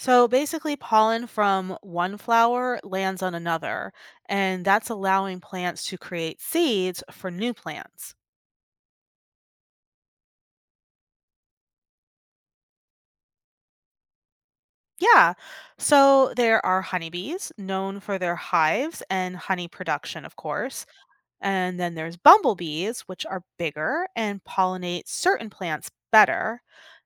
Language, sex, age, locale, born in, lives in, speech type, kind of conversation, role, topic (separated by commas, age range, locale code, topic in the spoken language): English, female, 40-44, en-US, United States, United States, read, expert-assistant, expert, What is the role of bees in ecosystems?